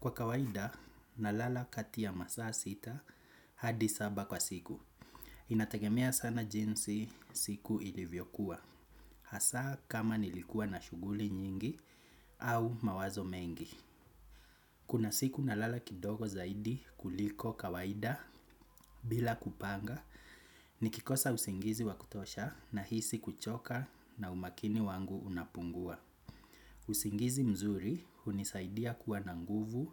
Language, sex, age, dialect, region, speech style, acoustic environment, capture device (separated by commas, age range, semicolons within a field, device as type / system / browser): Swahili, male, 25-34, Kenya - Nairobi Sheng-influenced, Kenya, happy, people talking, desktop / Windows / Chrome